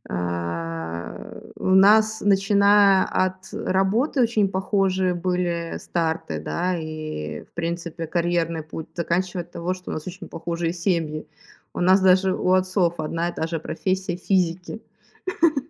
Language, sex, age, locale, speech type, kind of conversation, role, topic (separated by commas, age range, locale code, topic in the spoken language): Russian, female, 25-29, ru-RU, conversation, podcast, guest, Можно ли одновременно быть наставником и другом?